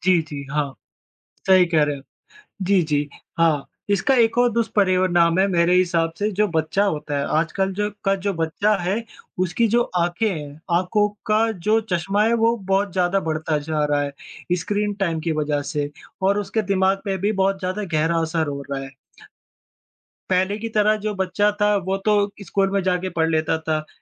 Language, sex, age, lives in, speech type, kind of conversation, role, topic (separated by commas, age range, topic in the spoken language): Hindi, female, 25-29, India, conversation, unstructured, none, क्या ऑनलाइन पढ़ाई किताबों से बेहतर हो सकती है?